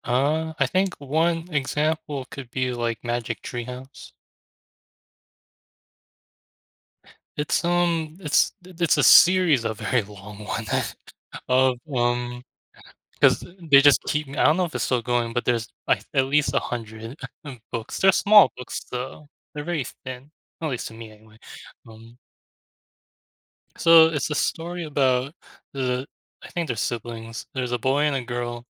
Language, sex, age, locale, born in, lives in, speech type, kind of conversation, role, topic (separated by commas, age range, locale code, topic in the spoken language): English, male, 25-29, en-US, United States, United States, conversation, unstructured, none, How do the stories we loved as children shape our ideas about what it means to be a hero?